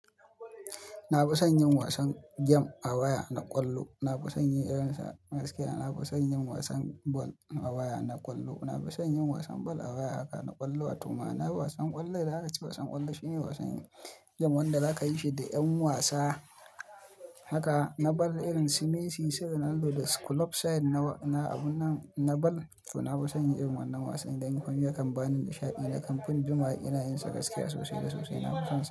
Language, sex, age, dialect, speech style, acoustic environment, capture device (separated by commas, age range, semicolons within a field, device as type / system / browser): Hausa, male, 25-34, Nigeria - Kano, happy, people talking, mobile / Linux / Chrome